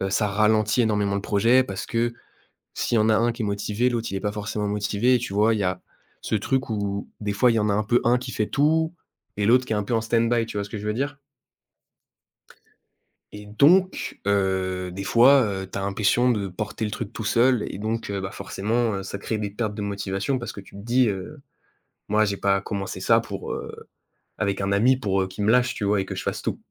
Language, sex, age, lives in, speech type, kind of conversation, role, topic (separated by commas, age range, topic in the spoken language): French, male, 20-24, France, conversation, advice, user, Pourquoi avez-vous perdu votre motivation et remettez-vous en question ce projet ?